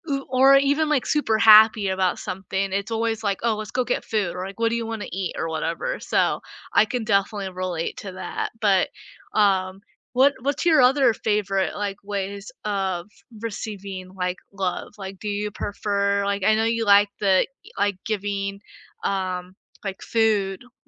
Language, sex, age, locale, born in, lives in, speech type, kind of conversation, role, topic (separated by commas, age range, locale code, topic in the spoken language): English, female, 20-24, en-US, United States, United States, conversation, unstructured, none, How do love languages actually work for you?